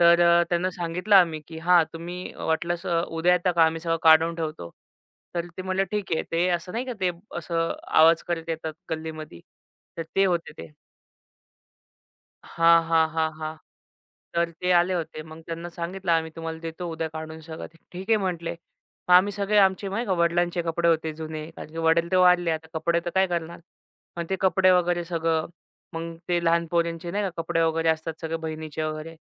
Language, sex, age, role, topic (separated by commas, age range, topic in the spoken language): Marathi, male, 25-29, guest, घरातलं सामान कमी केल्यावर तुमच्या मनःस्थितीवर काय परिणाम होतो?